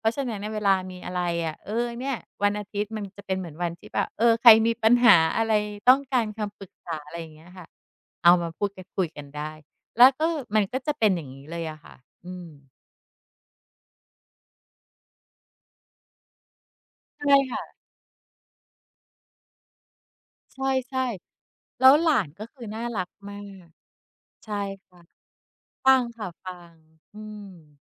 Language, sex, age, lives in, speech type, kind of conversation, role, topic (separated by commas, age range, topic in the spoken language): Thai, female, 45-49, Thailand, conversation, podcast, guest, การกินข้าวพร้อมกันสำคัญต่อความเป็นครอบครัวไหม?